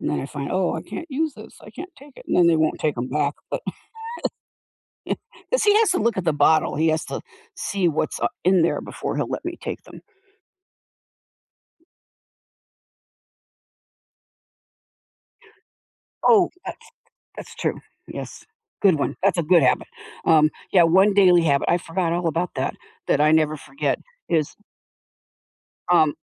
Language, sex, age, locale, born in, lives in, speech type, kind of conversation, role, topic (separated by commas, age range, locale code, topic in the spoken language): English, female, 70-74, en-US, United States, United States, conversation, unstructured, none, What’s one daily habit you never skip, no matter what?